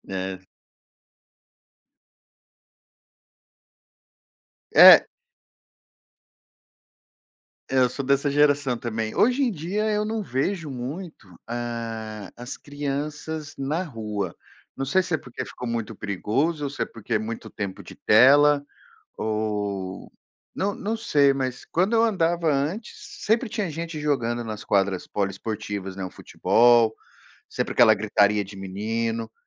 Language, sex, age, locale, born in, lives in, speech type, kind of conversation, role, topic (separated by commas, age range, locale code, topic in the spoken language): Portuguese, male, 35-39, pt-BR, Brazil, United States, conversation, podcast, host, Como você faz novas amizades em diferentes fases da vida?